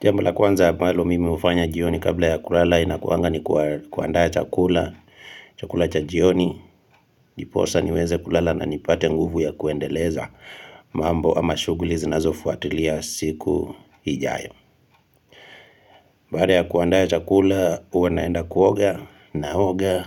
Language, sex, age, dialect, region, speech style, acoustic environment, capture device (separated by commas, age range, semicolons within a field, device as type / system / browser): Swahili, male, 25-34, Kenya - Kitui, Kenya, relaxed; excited, nature, mobile / Linux / Chrome